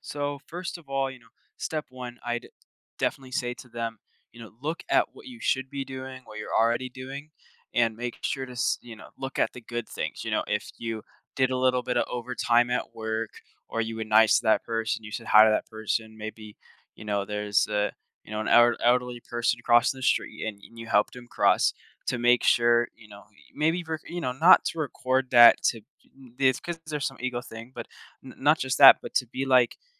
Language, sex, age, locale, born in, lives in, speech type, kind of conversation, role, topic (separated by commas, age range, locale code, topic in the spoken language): English, male, 18-19, en-US, United States, United States, conversation, podcast, guest, Why is it important to acknowledge and celebrate small achievements in our lives?